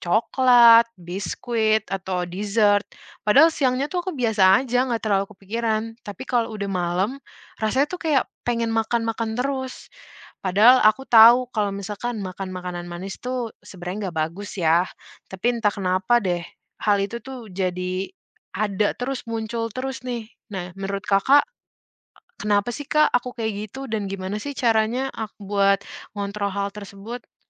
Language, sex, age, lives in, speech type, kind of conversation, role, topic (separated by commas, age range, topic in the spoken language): Indonesian, female, 20-24, Indonesia, conversation, advice, user, Apa yang membuat kamu sangat ingin ngemil makanan manis setiap malam?